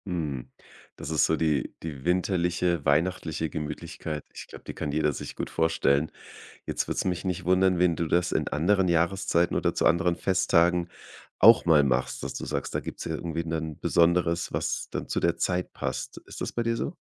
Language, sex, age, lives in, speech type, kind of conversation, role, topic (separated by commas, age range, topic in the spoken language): German, male, 35-39, Germany, conversation, podcast, host, Was macht ein Zimmer für dich gemütlich?